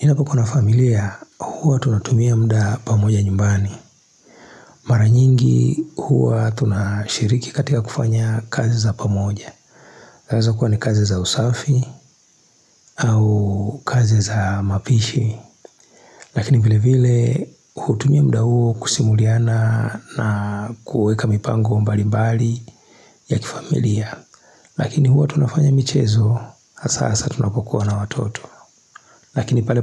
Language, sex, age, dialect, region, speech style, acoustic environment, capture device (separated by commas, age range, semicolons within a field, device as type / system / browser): Swahili, male, 35-44, Tanzania - Dar es Salaam, Tanzania, relaxed, nature, mobile / Linux / Chrome